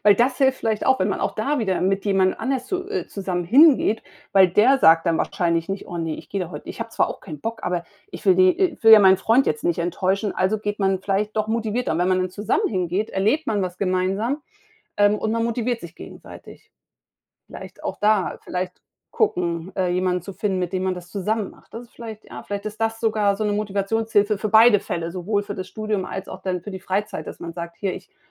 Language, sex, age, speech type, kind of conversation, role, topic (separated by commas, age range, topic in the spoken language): German, female, 45-49, conversation, advice, advisor, Wie soll ich damit umgehen, wenn ich das Gefühl habe, Motivation und Sinn im Leben verloren zu haben?